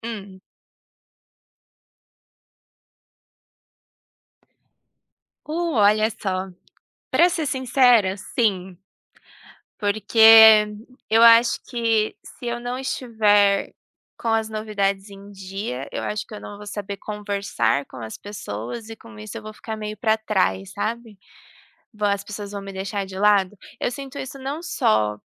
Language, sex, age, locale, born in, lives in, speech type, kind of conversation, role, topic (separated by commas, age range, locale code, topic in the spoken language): Portuguese, female, 25-29, pt-PT, Brazil, Germany, conversation, unstructured, none, Você tem medo de perder relevância se não acompanhar as novidades?